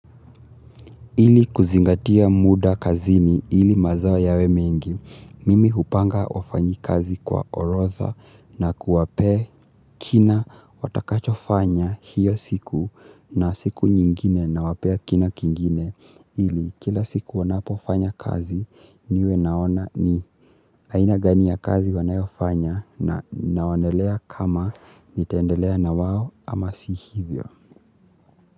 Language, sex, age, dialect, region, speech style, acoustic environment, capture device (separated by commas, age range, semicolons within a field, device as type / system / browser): Swahili, male, 18-24, Kenya - Mombasa, Kenya, relaxed; excited, silence; nature, mobile / Linux / Chrome